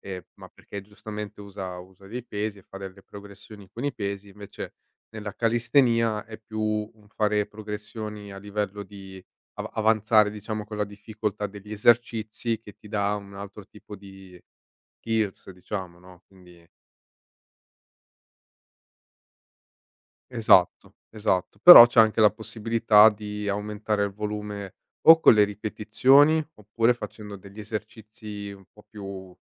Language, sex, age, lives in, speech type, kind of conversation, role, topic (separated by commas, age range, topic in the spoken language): Italian, male, 30-34, Italy, conversation, podcast, guest, Qual è il tuo hobby preferito e perché ti appassiona così tanto?